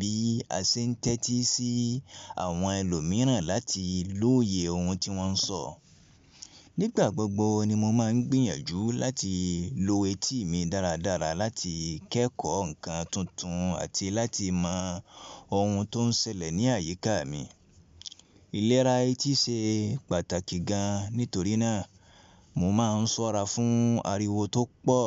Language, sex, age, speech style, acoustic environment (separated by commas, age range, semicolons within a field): Yoruba, male, 25-34, relaxed, appliances; traffic